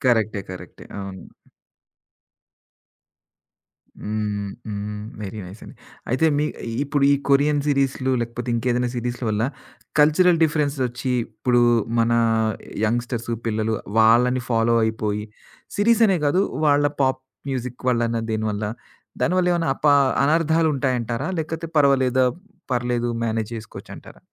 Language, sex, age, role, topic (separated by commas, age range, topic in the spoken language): Telugu, male, 40-44, host, వెబ్‌ సిరీస్‌కి టీవీ కార్యక్రమాలతో పోలిస్తే ఏ ప్రత్యేకత ఉంది?